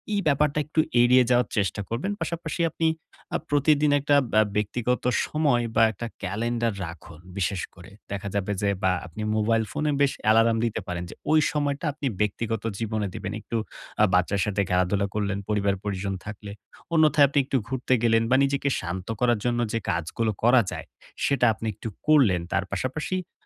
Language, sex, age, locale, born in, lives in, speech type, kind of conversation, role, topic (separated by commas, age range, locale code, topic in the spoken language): Bengali, male, 18-19, bn-BD, Bangladesh, Bangladesh, conversation, advice, advisor, আমি কীভাবে কাজ ও ব্যক্তিগত জীবনের মধ্যে ভারসাম্য বজায় রাখতে পারি?